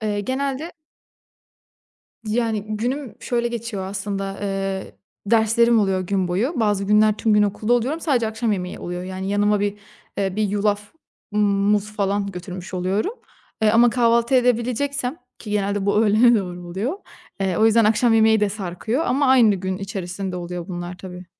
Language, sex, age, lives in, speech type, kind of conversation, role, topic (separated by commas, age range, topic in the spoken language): Turkish, female, 25-29, Italy, conversation, podcast, guest, Ertesi günü kolaylaştırmak için akşam neler hazırlarsın?